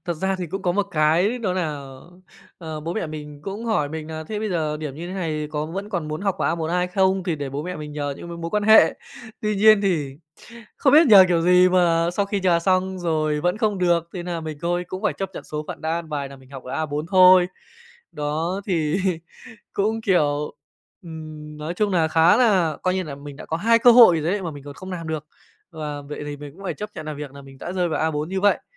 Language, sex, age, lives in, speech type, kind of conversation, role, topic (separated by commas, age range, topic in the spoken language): Vietnamese, male, 25-29, Japan, conversation, podcast, guest, Bạn đã từng thất bại trong học tập chưa, và bạn học được gì từ trải nghiệm đó?